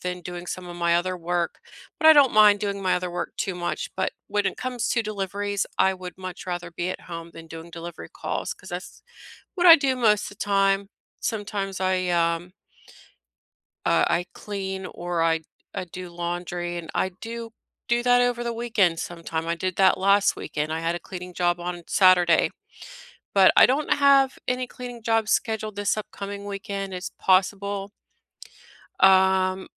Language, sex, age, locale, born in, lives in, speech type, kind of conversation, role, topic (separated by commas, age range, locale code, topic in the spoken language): English, female, 40-44, en-US, United States, United States, conversation, unstructured, none, What are you most looking forward to this weekend?